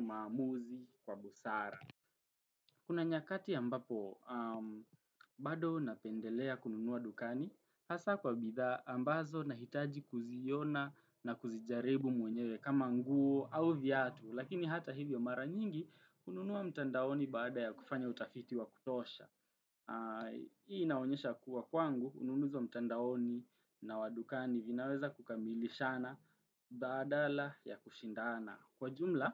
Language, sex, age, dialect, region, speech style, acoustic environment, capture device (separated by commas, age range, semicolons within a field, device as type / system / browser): Swahili, male, 18-24, Kenya - Nairobi Sheng-influenced, Kenya, relaxed; happy, traffic, desktop / Windows / Chrome